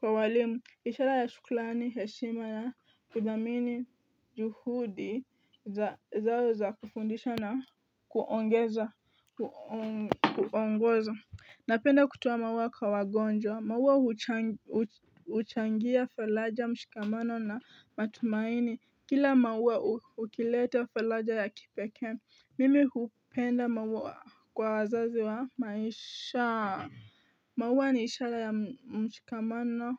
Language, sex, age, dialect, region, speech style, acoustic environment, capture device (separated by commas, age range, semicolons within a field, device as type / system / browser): Swahili, female, 18-24, Kenya - Nairobi Sheng-influenced, Kenya, tired, nature; people talking, mobile / Linux / Chrome